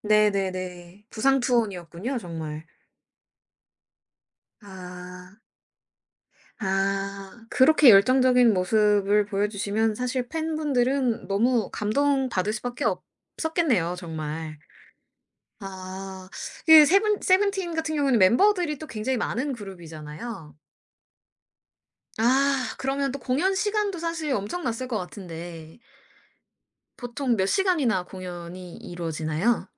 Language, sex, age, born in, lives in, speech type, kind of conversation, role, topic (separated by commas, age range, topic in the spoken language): Korean, female, 25-29, South Korea, United States, conversation, podcast, host, 라이브 공연에서 가장 기억에 남는 경험은 무엇인가요?